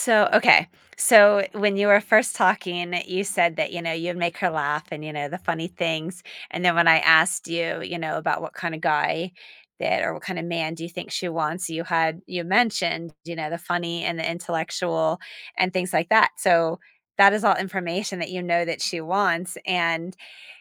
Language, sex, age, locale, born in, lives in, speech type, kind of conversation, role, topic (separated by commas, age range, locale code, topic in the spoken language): English, female, 45-49, en-US, United States, United States, conversation, advice, advisor, How can I cope with the fear of disappointing someone important to me?